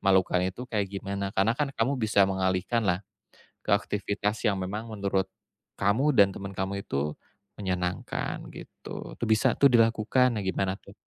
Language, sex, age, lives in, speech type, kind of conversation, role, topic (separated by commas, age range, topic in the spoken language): Indonesian, male, 35-39, Indonesia, conversation, advice, advisor, Bagaimana cara saya pulih setelah mengatakan sesuatu yang memalukan?